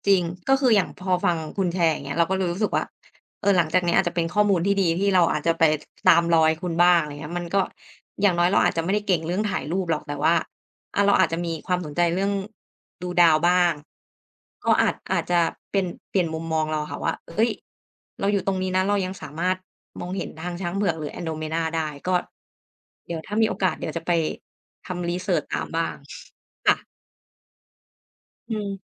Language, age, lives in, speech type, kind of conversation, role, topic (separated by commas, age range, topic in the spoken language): Thai, 30-34, Thailand, conversation, unstructured, none, การถ่ายรูปทำให้คุณมองโลกเปลี่ยนไปอย่างไร?